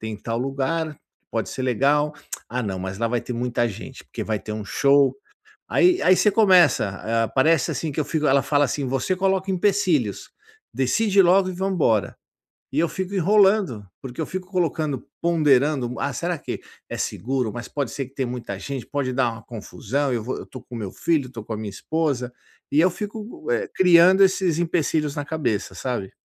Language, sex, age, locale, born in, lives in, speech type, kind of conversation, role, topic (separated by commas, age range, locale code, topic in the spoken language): Portuguese, male, 50-54, pt-BR, Brazil, United States, conversation, advice, user, Por que eu travo na hora de tomar decisões importantes?